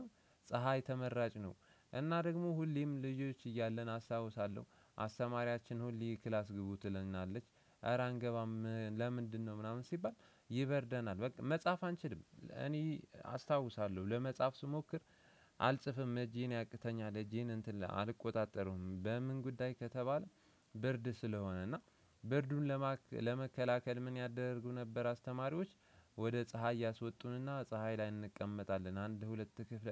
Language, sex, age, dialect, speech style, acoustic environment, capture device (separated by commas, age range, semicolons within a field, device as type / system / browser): Amharic, male, 18-24, Ethiopia - Addis Ababa, relaxed, silence, desktop / Windows / Chrome